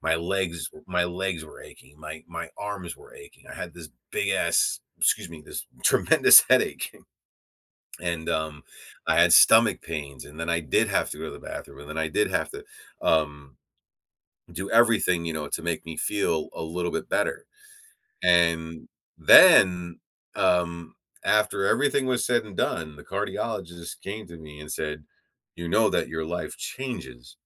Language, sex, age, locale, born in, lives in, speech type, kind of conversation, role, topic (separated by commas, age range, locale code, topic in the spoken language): English, male, 45-49, en-US, United States, United States, conversation, advice, user, How can I make time for self-care in my busy schedule?